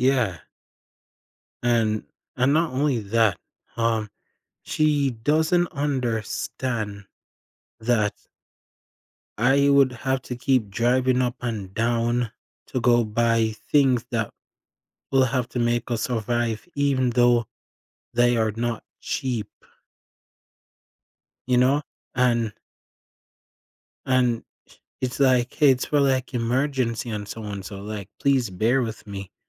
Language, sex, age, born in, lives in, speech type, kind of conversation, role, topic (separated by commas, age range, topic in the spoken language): English, male, 20-24, Jamaica, United States, conversation, advice, user, How can I improve communication with my partner so we argue less?